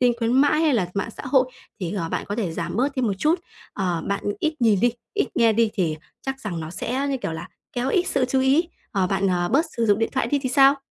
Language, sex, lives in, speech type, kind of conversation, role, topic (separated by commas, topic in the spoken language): Vietnamese, female, Vietnam, conversation, advice, advisor, Làm sao để không bị các thiết bị số làm phân tâm khi thư giãn?